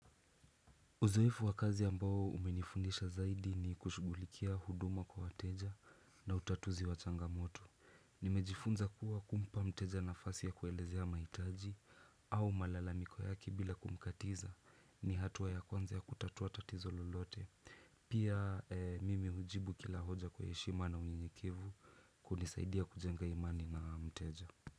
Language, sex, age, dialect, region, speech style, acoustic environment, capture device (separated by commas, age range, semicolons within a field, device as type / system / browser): Swahili, male, 18-24, Kenya - Nairobi Sheng-influenced, Kenya, relaxed, silence, desktop / Windows / Chrome